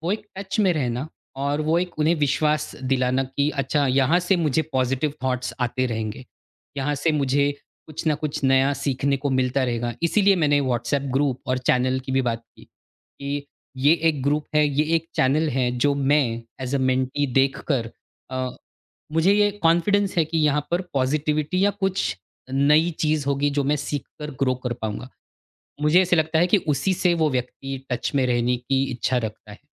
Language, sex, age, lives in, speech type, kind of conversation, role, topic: Hindi, male, 40-44, India, conversation, podcast, guest, Mentor-mentee relationship ko kaise maintain karte ho?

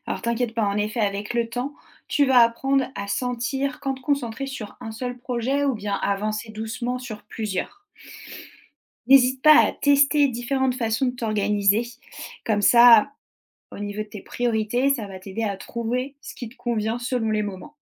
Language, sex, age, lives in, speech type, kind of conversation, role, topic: French, female, 30-34, France, read, expert-assistant, expert, Comment planifier mon budget pour concrétiser un grand rêve sans me priver au quotidien ?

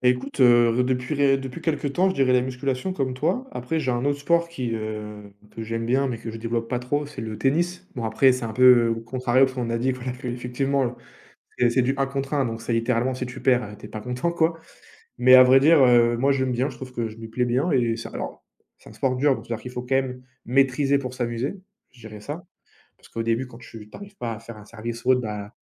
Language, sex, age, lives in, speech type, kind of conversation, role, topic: French, male, 20-24, France, conversation, unstructured, none, Comment le sport peut-il améliorer notre humeur, selon toi ?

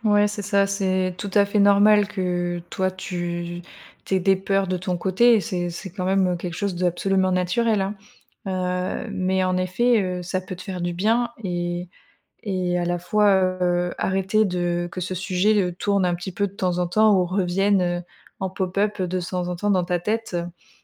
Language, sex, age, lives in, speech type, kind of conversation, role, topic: French, female, 30-34, France, conversation, advice, advisor, Pourquoi voulez-vous reprendre contact après une longue rupture d’amitié ?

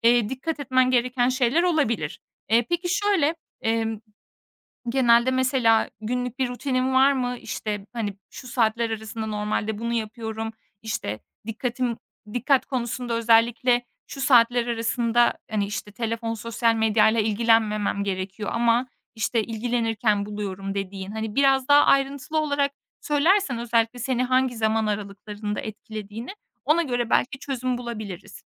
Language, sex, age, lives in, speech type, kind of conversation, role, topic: Turkish, female, 25-29, Estonia, conversation, advice, advisor, Telefon ve sosyal medyada dikkatinizin kolayca dağılması durumunu nasıl yaşıyorsunuz?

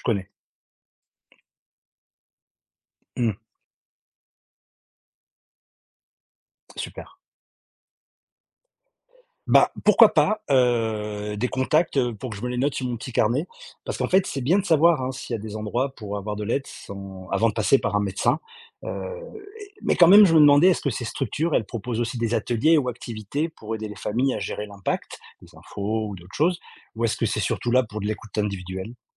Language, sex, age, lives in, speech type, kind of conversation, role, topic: French, male, 40-44, France, read, expert-assistant, asker, Comment trouver un bon équilibre entre s’informer et se préserver face aux actualités ?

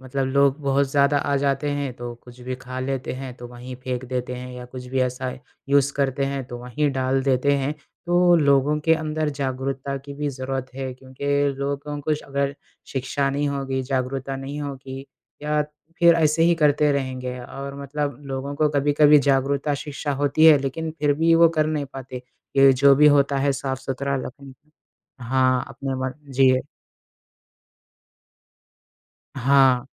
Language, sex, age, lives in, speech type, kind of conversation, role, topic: Hindi, male, 20-24, India, conversation, unstructured, none, क्या यात्रा के दौरान गंदगी देखकर कभी आपका मन खराब हुआ है?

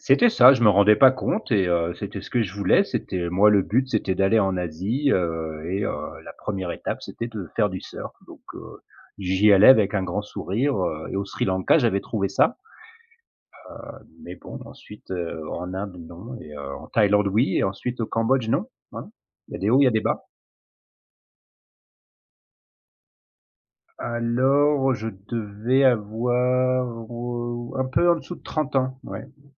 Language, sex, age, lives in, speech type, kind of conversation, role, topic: French, male, 40-44, France, conversation, podcast, guest, Peux-tu me raconter une grosse galère de voyage ?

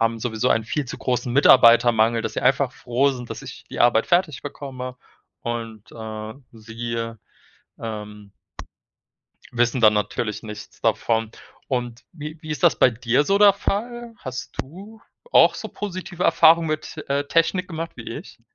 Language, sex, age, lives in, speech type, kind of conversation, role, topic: German, male, 25-29, Germany, conversation, unstructured, none, Wie beeinflusst Technik deinen Alltag am stärksten?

